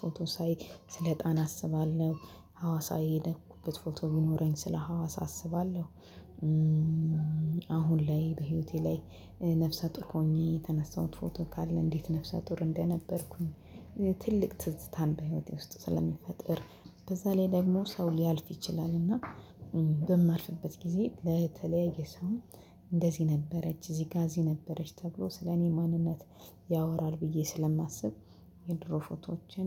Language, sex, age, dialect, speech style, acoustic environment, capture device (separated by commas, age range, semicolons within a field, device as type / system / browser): Amharic, female, 25-34, Ethiopia - Addis Ababa, happy, silence, mobile / Linux / Chrome